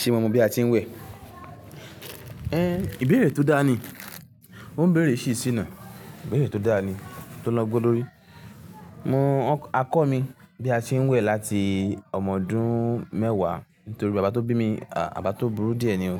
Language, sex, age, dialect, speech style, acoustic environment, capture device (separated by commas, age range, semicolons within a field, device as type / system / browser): Yoruba, male, 18-24, Nigeria - Lagos Yoruba, relaxed, nature, mobile / Linux / Chrome